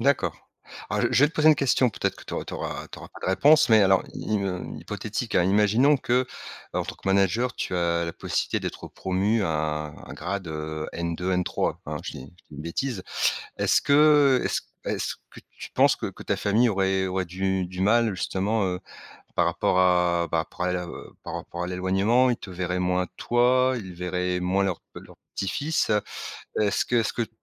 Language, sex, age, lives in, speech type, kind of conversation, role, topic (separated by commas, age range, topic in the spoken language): French, male, 50-54, France, conversation, podcast, host, Quelle place ta famille accorde-t-elle à l’équilibre entre le travail et la vie personnelle ?